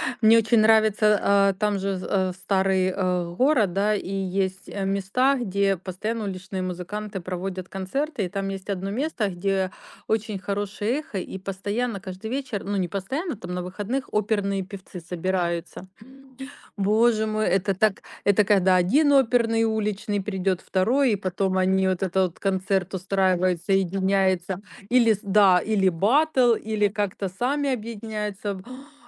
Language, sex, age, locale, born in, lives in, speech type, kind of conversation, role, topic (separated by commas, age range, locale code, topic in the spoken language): Russian, female, 45-49, ru-RU, Ukraine, Spain, conversation, unstructured, none, Что тебе больше всего нравится в твоём городе?